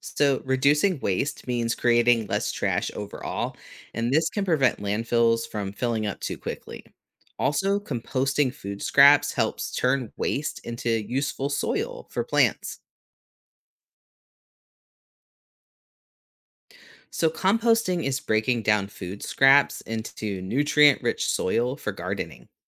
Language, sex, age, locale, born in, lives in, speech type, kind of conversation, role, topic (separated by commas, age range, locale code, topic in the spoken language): English, male, 35-39, en-US, United States, United States, read, expert-assistant, expert, How can environmental sustainability be promoted?